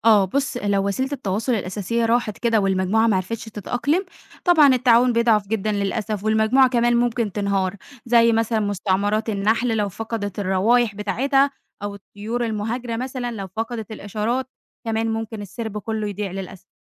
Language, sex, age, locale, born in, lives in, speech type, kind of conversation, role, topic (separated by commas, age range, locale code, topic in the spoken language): Arabic, female, 20-24, ar-EG, Egypt, Egypt, read, expert-assistant, expert, إيه أكتر مثال عملي يوضح إزاي الحيوانات بتتعاون مع بعض في الطبيعة؟